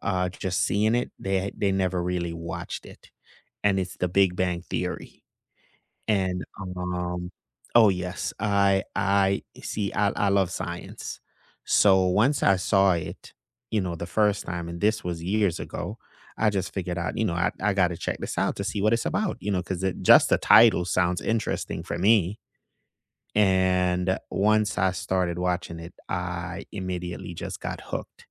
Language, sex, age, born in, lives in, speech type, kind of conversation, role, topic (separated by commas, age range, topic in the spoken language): English, male, 35-39, Jamaica, United States, conversation, unstructured, none, Which under-the-radar TV series would you recommend to everyone, and what makes it special to you?